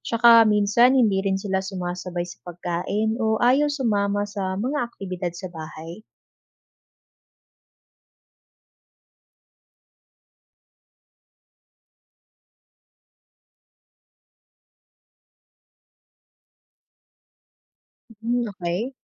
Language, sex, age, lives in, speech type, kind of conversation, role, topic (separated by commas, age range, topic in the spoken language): Filipino, female, 25-29, Philippines, read, expert-assistant, asker, Ano ang mga paraan upang mapanatili ang pagkakaisa sa pamilya?